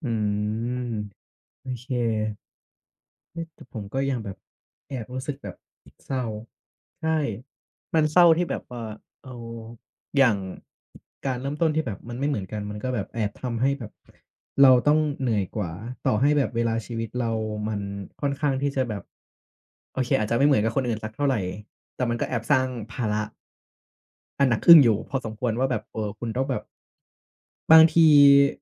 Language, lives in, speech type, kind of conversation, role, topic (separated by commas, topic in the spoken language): Thai, Thailand, conversation, advice, user, ทำอย่างไรเมื่อเผลอเปรียบเทียบตัวเองกับคนอื่นแล้วรู้สึกท้อใจ?